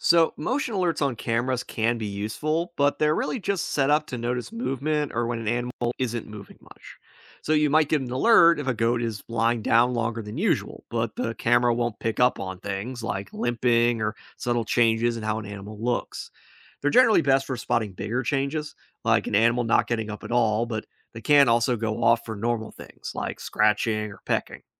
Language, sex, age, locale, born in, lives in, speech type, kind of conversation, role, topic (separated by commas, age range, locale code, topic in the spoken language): English, male, 30-34, en-US, United States, United States, read, expert-assistant, expert, What apps help streamline livestock management tasks?